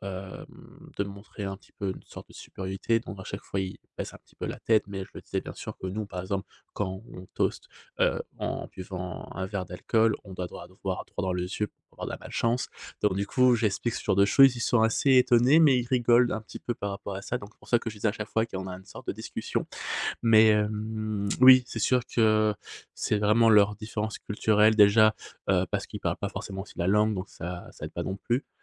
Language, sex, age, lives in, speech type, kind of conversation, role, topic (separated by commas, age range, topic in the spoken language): French, male, 30-34, Spain, conversation, podcast, guest, Comment gères-tu la solitude lorsque tu voyages ?